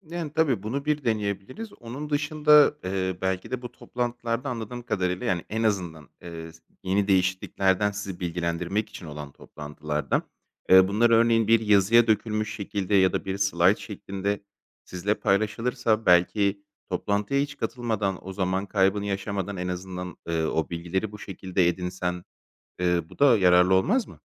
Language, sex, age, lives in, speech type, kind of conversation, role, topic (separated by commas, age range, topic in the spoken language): Turkish, male, 30-34, Greece, conversation, advice, advisor, Toplantılar günümü ve enerjimi tüketip zamanımı çaldığında bunu nasıl yönetebilirim?